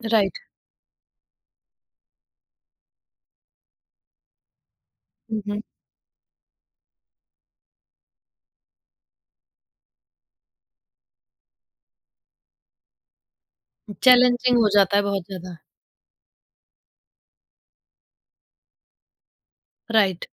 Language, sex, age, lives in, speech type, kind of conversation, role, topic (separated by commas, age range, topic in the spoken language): Hindi, female, 30-34, India, conversation, podcast, host, Aapne rozana vyayam ko apni aadat kaise banaya?